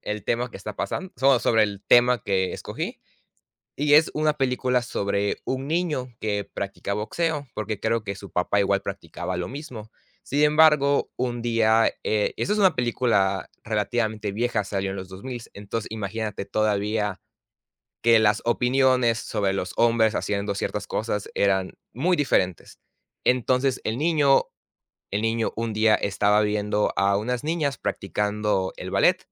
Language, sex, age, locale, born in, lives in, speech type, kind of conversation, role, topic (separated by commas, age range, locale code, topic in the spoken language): Spanish, male, 18-19, es-MX, Mexico, Mexico, conversation, unstructured, none, ¿Qué opinas sobre los estereotipos en el deporte?